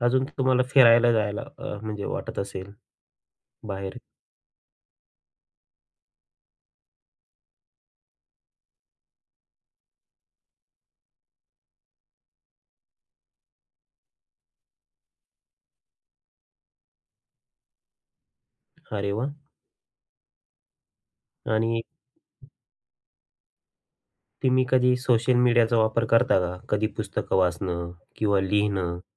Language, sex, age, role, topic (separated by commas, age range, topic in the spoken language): Marathi, male, 35-39, host, एकटेपणा वाटल्यावर तुम्ही काय करता?